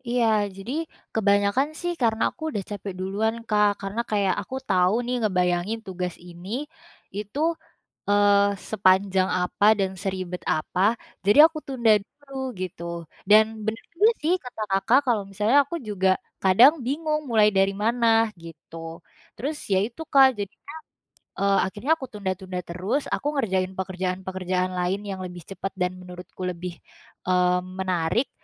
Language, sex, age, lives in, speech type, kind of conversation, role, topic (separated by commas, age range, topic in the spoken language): Indonesian, female, 20-24, Indonesia, conversation, advice, user, Bagaimana cara mengatasi kebiasaan menunda tugas penting dan sulit fokus?